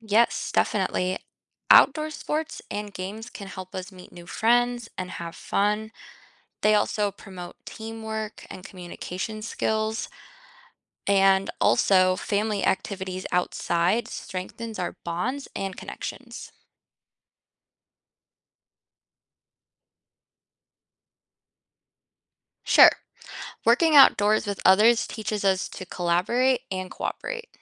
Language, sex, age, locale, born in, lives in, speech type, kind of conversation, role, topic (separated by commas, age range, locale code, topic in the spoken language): English, female, 25-29, en-US, United States, United States, read, expert-assistant, expert, What are the benefits of outdoor activities for health?